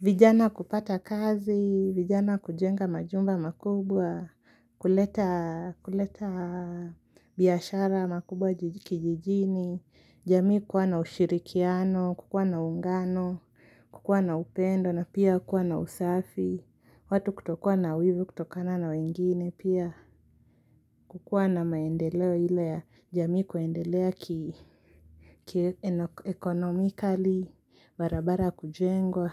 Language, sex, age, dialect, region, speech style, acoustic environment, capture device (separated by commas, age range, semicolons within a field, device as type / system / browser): Swahili, female, 18-24, Kenya - Nairobi Sheng-influenced, Kenya, nervous, silence, mobile / Linux / Chrome